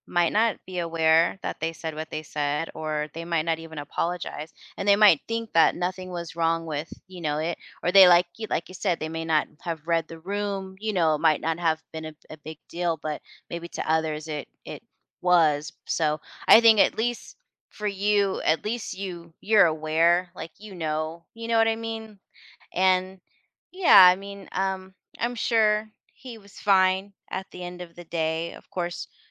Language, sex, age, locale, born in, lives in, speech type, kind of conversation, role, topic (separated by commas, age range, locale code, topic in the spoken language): English, female, 40-44, en-US, United States, United States, conversation, advice, advisor, How can I stop feeling awkward after embarrassing myself?